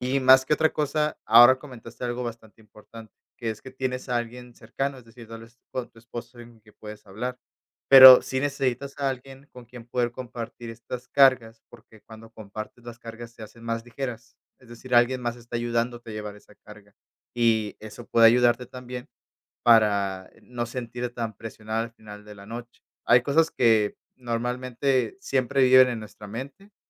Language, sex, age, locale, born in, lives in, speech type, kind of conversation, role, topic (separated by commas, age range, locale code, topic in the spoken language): Spanish, male, 30-34, es-MX, Mexico, Mexico, conversation, advice, advisor, ¿Cómo puedo dormir mejor si tengo pensamientos y preocupaciones constantes?